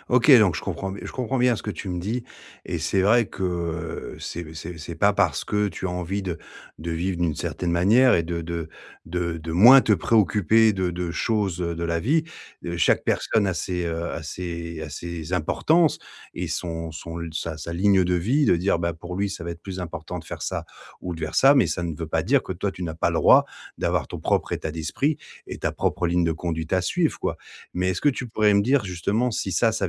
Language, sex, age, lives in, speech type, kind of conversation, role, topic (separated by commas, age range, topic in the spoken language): French, male, 40-44, France, conversation, advice, advisor, Comment faire face au regard des autres et à la pression sociale quand je veux suivre mes envies ?